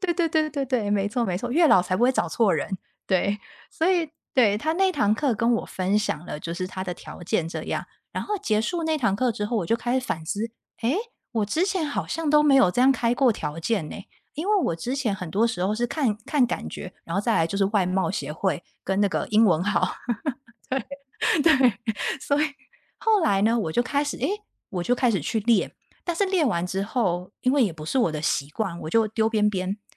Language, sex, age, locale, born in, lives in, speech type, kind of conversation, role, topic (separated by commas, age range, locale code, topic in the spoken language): Chinese, female, 30-34, zh-TW, Taiwan, United States, conversation, unstructured, none, 你觉得恋爱中最重要的是什么？